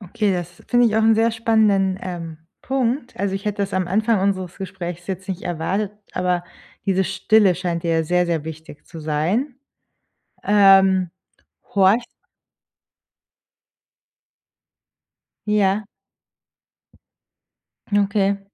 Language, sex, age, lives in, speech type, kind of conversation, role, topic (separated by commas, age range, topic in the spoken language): German, female, 30-34, Germany, conversation, podcast, host, Wie fühlt sich Entschleunigung in der Natur für dich an?